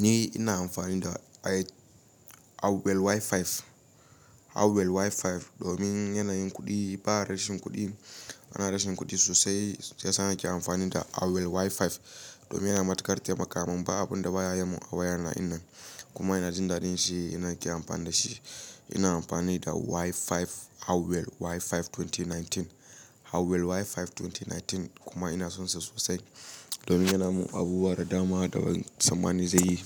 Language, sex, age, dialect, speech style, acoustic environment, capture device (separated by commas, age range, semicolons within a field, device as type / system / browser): Hausa, male, 18-24, Nigeria - Kano, happy, silence, mobile / Linux / Chrome